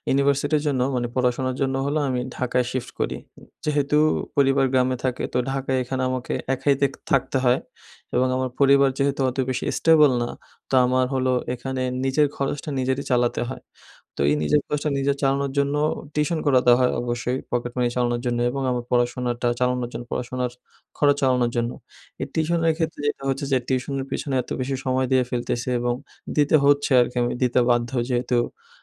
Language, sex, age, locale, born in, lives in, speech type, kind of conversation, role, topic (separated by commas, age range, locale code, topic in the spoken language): Bengali, male, 20-24, bn-BD, Bangladesh, Bangladesh, conversation, advice, user, বড় লক্ষ্য আছে কিন্তু দৈনন্দিন রুটিন ও অভ্যাস গড়তে পারছি না